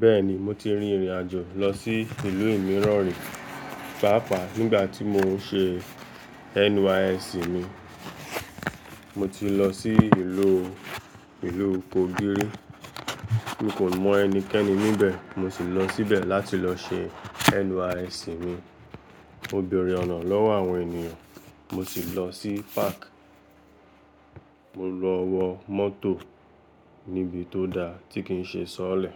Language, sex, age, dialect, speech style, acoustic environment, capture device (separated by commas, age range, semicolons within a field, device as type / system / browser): Yoruba, male, 18-24, Nigeria - Lagos Yoruba, relaxed, traffic, mobile / Linux / Chrome